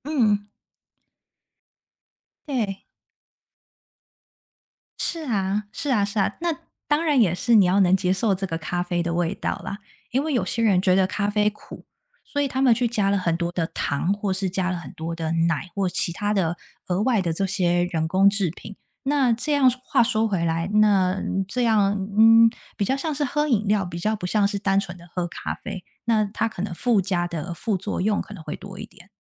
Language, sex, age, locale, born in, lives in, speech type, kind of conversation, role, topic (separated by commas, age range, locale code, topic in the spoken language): Chinese, female, 30-34, zh-TW, Taiwan, United States, conversation, unstructured, none, 你最喜欢的早餐是什么？